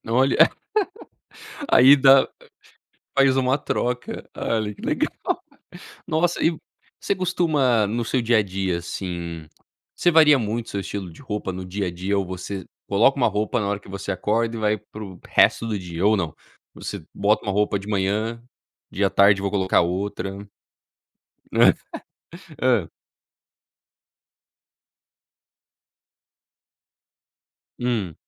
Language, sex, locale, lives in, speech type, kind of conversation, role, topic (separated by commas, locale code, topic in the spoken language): Portuguese, male, pt-BR, United States, conversation, podcast, host, Como você descreveria seu estilo pessoal?